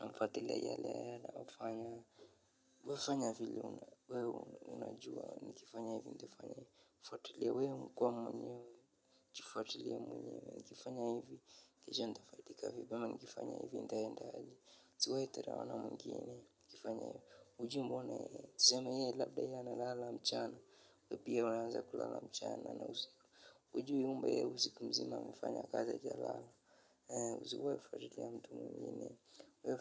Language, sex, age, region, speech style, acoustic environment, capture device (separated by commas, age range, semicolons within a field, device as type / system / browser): Swahili, male, 25-34, Kenya, relaxed, silence, mobile / Linux / Chrome